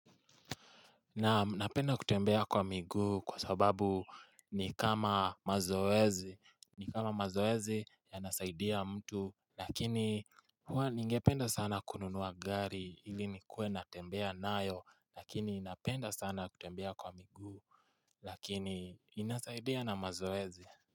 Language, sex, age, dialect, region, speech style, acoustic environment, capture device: Swahili, male, 18-24, Kenya - Nairobi Sheng-influenced, Kenya, relaxed, silence, mobile / Linux / Chrome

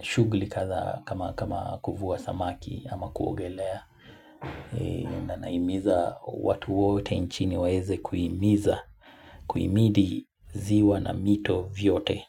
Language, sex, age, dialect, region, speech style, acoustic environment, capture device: Swahili, male, 25-34, Kenya - Mombasa, Kenya, relaxed, music, mobile / Linux / Chrome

